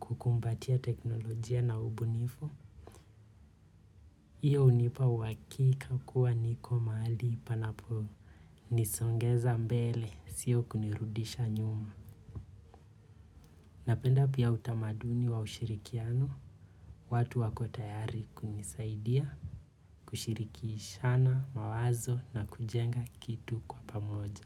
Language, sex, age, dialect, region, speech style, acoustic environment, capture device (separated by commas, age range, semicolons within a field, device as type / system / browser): Swahili, male, 25-34, Kenya - Nairobi Sheng-influenced, Kenya, relaxed; focused, nature; people talking, mobile / Linux / Chrome